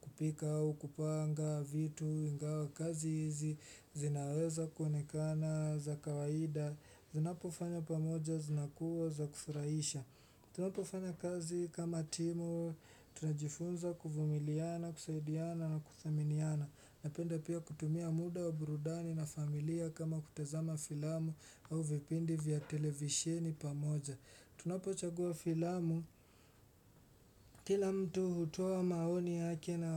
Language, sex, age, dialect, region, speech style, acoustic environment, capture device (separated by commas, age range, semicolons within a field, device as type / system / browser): Swahili, male, 18-24, Kenya - Mombasa, Kenya, relaxed; focused, silence, desktop / Windows / Chrome